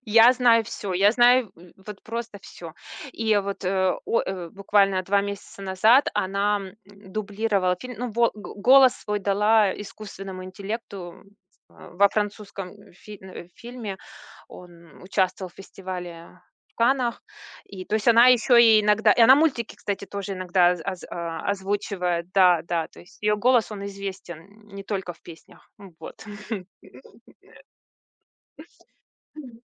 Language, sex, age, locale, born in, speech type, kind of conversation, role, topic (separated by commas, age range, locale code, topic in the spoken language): Russian, female, 40-44, ru-RU, Russia, conversation, podcast, guest, Какой артист повлиял на тебя больше всего?